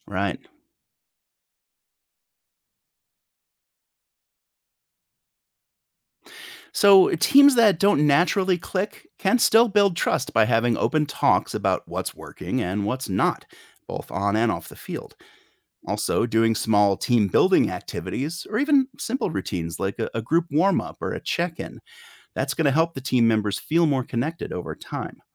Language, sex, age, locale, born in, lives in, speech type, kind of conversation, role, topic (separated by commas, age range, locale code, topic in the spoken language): English, male, 45-49, en-US, United States, United States, read, expert-assistant, expert, What skills can you develop by participating in team sports?